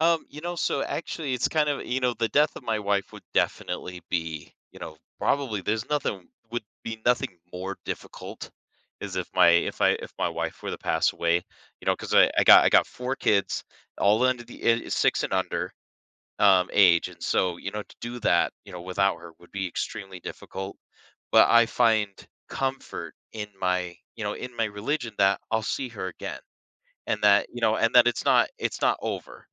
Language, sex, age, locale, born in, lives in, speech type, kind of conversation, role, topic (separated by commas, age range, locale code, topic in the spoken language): English, male, 30-34, en-US, United States, United States, conversation, podcast, guest, How does religion shape our values and communities in the modern world?